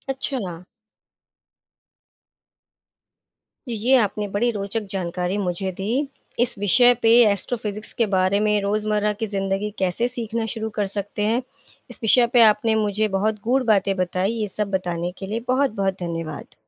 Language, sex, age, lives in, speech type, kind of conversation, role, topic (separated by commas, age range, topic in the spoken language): Hindi, female, 35-39, India, read, expert-assistant, asker, एस्ट्रोफिज़िक्स के बारे में रोज़मर्रा की ज़िंदगी में कैसे सीखना शुरू किया जा सकता है?